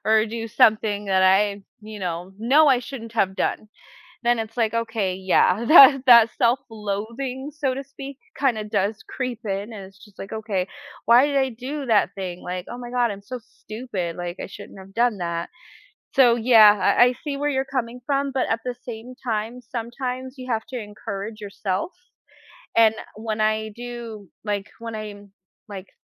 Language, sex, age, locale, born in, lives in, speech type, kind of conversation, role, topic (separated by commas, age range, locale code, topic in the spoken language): English, female, 30-34, en-US, United States, United States, conversation, unstructured, none, How might having the ability to read minds change the way we interact with others?